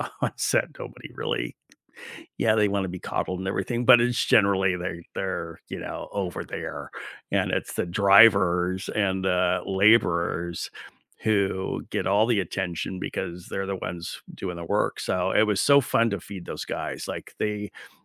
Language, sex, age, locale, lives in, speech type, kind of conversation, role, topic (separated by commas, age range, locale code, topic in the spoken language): English, male, 60-64, en-US, United States, conversation, unstructured, none, What small morning rituals brighten your day and set the tone?